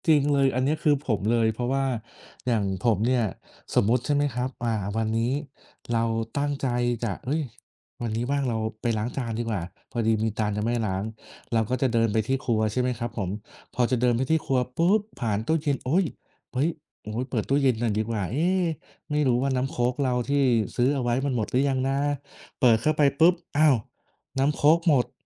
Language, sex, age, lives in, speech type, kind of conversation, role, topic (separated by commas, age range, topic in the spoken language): Thai, male, 30-34, Thailand, conversation, unstructured, none, งานอดิเรกอะไรที่ทำให้คุณรู้สึกตื่นเต้นที่สุด?